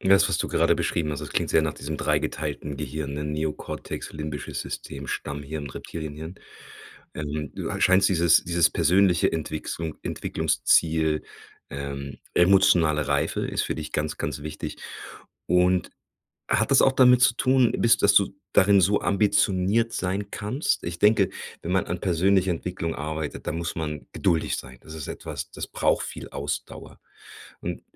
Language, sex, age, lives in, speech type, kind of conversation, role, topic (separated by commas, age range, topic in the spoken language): German, male, 40-44, Germany, conversation, podcast, host, Wie bleibst du ambitioniert, ohne auszubrennen?